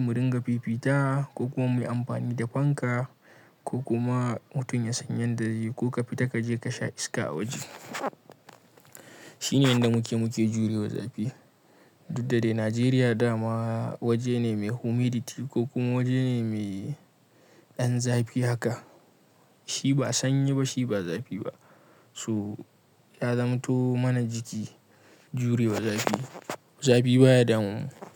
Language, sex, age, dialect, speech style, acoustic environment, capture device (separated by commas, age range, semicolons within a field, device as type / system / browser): Hausa, male, 25-34, Nigeria - Kano, happy; relaxed, silence, mobile / Linux / Chrome